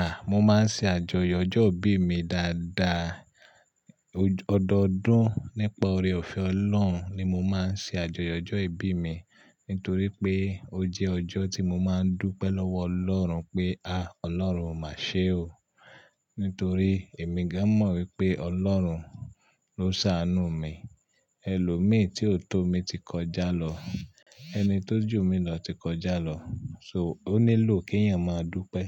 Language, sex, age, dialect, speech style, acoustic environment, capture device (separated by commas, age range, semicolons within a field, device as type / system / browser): Yoruba, male, 35-44, Nigeria - Ibadan, relaxed, nature, mobile / Linux / Chrome